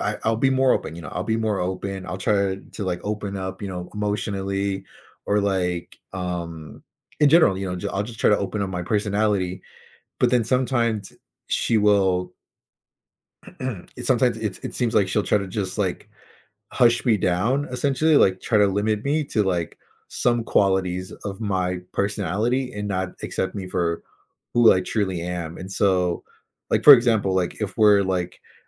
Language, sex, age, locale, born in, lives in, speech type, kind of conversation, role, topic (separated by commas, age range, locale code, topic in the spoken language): English, male, 20-24, en-US, United States, United States, conversation, advice, user, How can I stop constant arguments with my partner and rebuild our relationship?